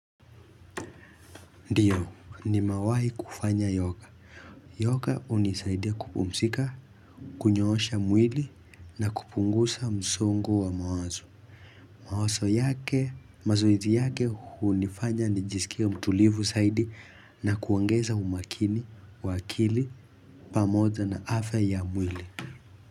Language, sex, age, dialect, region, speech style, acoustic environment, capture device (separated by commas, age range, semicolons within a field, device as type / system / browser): Swahili, male, 18-24, Kenya - Nairobi Sheng-influenced, Kenya, focused, nature; music; silence, mobile / Linux / Chrome